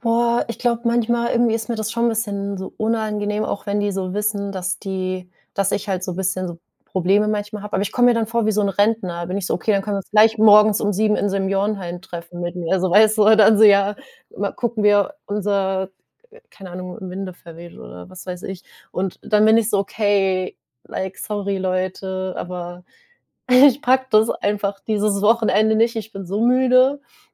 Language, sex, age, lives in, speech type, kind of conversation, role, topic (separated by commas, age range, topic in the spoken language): German, female, 25-29, Germany, conversation, advice, user, Wie kann ich meine Gesundheitsgewohnheiten auch auf Reisen und an Wochenenden konsequent beibehalten?